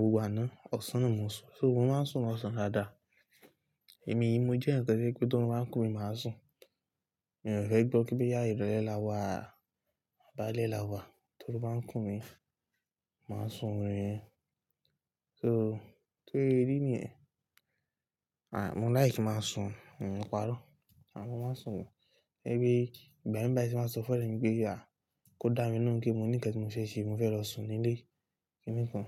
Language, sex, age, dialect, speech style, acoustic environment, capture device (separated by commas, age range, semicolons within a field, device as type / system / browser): Yoruba, male, 18-24, Nigeria - Lagos Yoruba, relaxed, appliances, mobile / Linux / Chrome